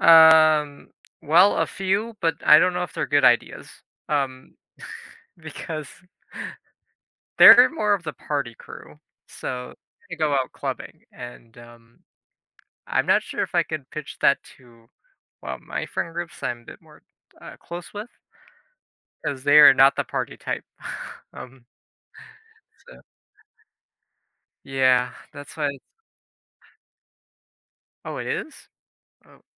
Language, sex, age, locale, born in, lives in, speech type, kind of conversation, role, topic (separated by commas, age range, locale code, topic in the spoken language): English, male, 20-24, en-US, United States, United States, conversation, advice, user, How can I add more spontaneity to my daily routine?